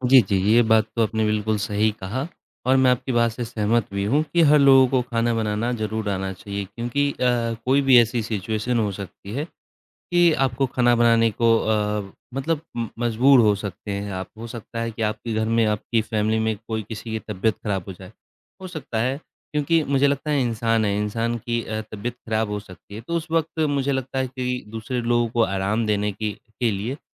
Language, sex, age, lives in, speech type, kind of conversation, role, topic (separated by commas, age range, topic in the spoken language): Hindi, male, 25-29, India, conversation, unstructured, none, आपका पसंदीदा खाना कौन-सा है और क्यों, और खाना पकाना सीखना कितना ज़रूरी है?